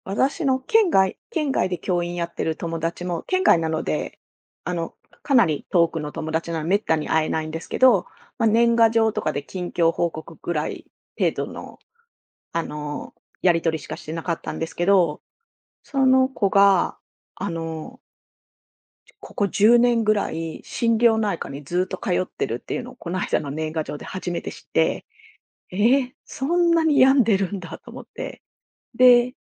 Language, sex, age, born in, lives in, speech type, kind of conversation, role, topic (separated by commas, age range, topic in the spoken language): Japanese, female, 45-49, Japan, Japan, conversation, podcast, guest, 学校でメンタルヘルス対策として何が必要ですか？